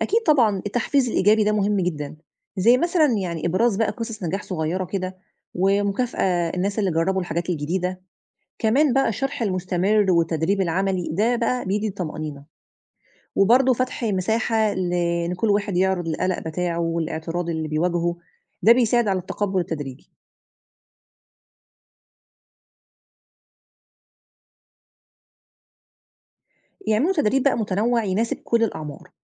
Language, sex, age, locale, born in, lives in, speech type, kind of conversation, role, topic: Arabic, female, 35-39, ar-EG, Egypt, Egypt, read, expert-assistant, expert, لو كنت مدير مستشفى، إيه الأفكار الجديدة اللي ممكن تطبقها عشان تحسن الخدمة وتنظم الشغل؟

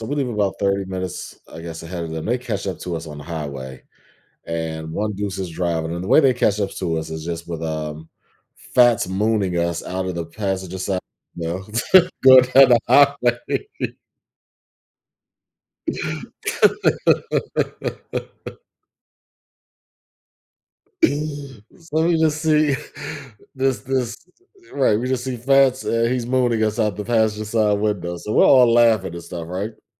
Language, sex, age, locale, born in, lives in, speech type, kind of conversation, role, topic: English, male, 40-44, en-US, United States, United States, conversation, podcast, guest, How have important decisions shaped the direction of your life?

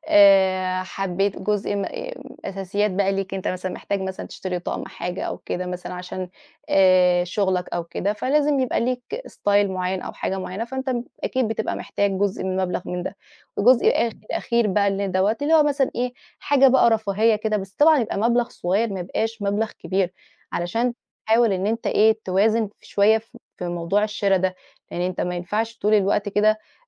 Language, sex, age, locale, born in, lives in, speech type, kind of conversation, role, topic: Arabic, female, 30-34, ar-EG, Egypt, Portugal, conversation, advice, advisor, إزاي أتعامل مع الشراء الاندفاعي المتكرر اللي مُتعب ميزانيتي؟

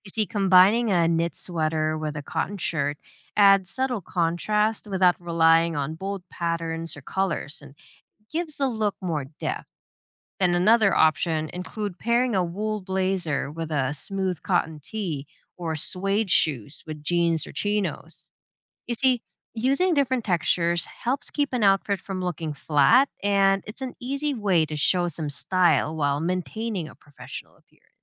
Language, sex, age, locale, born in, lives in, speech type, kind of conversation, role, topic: English, female, 30-34, en-US, Philippines, United States, read, expert-assistant, expert, What one style or trend would you wear forever?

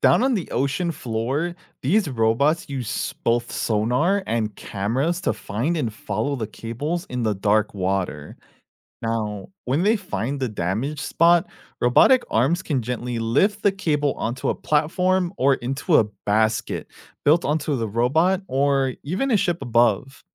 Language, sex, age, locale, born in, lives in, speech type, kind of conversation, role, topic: English, male, 30-34, en-US, United States, United States, read, expert-assistant, expert, How does the internet send information between countries so quickly?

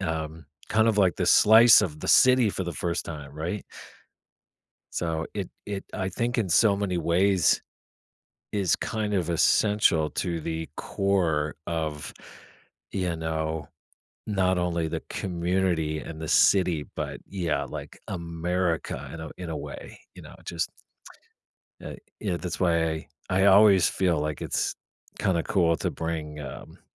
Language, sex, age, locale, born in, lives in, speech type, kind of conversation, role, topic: English, male, 45-49, en-US, United States, United States, conversation, podcast, guest, What makes sports such a meaningful part of people's lives?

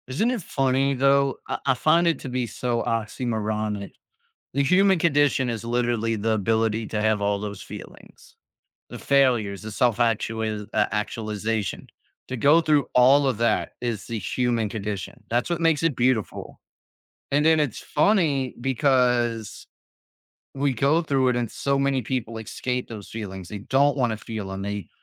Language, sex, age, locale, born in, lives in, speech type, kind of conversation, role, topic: English, male, 35-39, en-US, United States, United States, conversation, podcast, host, How can we use regret to grow and make better choices in the future?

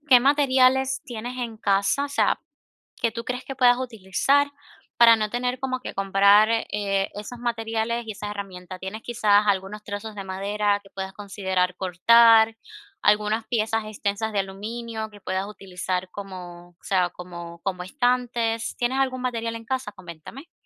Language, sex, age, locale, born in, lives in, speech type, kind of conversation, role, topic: Spanish, female, 25-29, es-CU, Cuba, United States, conversation, advice, advisor, ¿Cómo puedo encontrar buenas ideas con recursos limitados?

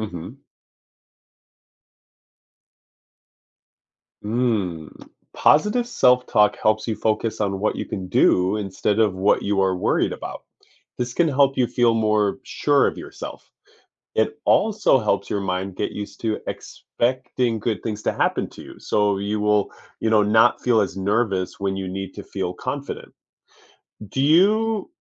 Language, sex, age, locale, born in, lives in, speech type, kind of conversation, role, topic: English, male, 30-34, en-US, United States, United States, read, expert-assistant, expert, What are the effects of positive self-talk on confidence?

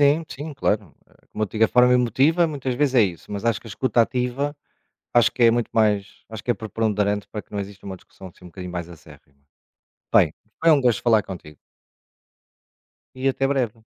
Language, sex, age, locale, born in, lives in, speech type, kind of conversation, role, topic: Portuguese, male, 45-49, pt-PT, Portugal, Portugal, conversation, unstructured, none, Você acha que é possível discordar respeitando o outro?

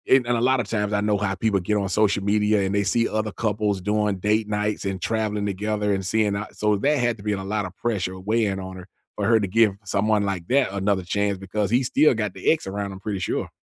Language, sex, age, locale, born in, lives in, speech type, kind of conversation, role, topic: English, male, 50-54, en-US, United States, United States, conversation, unstructured, none, How do you talk to family about something they don’t want to hear?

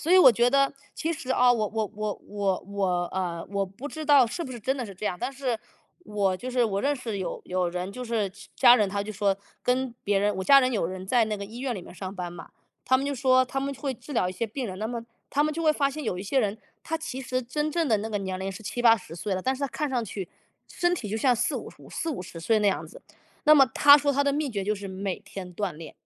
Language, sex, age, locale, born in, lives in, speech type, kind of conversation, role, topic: Chinese, male, 35-39, zh-CN, United States, United States, conversation, unstructured, none, 你会因为担心健康问题而开始锻炼吗？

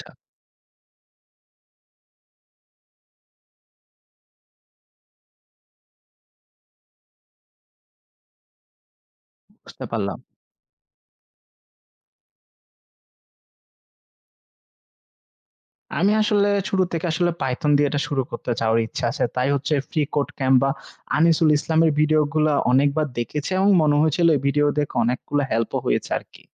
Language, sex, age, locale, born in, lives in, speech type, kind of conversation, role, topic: Bengali, male, 25-29, bn-BD, Bangladesh, Finland, read, expert-assistant, asker, ভবিষ্যতের জন্য প্রযুক্তিগত দক্ষতা অর্জনের উপায় কী কী?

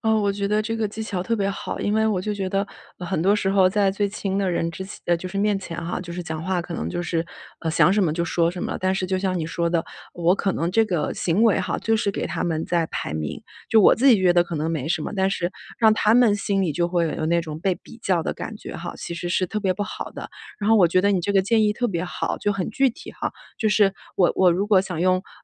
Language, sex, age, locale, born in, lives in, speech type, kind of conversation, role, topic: Chinese, female, 35-39, zh-CN, China, United States, conversation, advice, user, 我怎样才能减少对孩子之间的比较？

